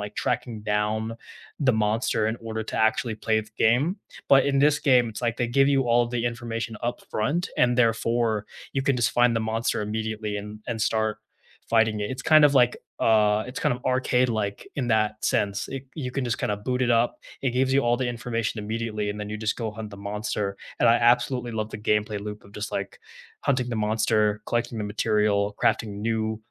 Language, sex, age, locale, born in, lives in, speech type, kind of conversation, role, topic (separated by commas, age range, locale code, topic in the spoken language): English, male, 20-24, en-US, United States, United States, conversation, unstructured, none, Which video games help you unwind after a long day, and why are they your comfort picks?